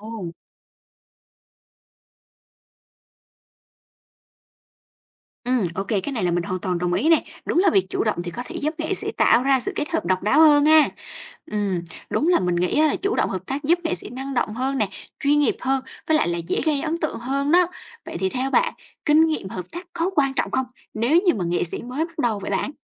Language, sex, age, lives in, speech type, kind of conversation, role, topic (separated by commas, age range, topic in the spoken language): Vietnamese, female, 20-24, Vietnam, read, expert-assistant, asker, Bạn nghĩ việc chia sẻ tác phẩm nghệ thuật kỹ thuật số trên mạng xã hội mang lại nhiều cơ hội hay rủi ro cho nghệ sĩ?